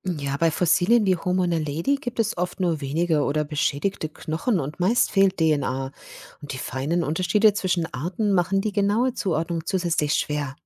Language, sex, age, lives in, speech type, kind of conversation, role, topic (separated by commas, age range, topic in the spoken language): German, female, 50-54, Germany, read, expert-assistant, expert, Woran erkenne ich, ob eine Aussage über die Evolution wirklich stimmt?